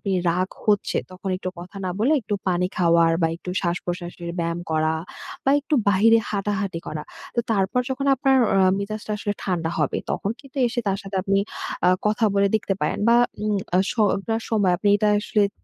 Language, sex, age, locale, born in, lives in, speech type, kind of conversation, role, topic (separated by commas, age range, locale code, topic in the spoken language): Bengali, female, 20-24, bn-BD, Bangladesh, Bangladesh, conversation, advice, advisor, কীভাবে সম্পর্কের ঝগড়ায় আমি শান্তভাবে সমস্যাগুলো সমাধান করতে পারি?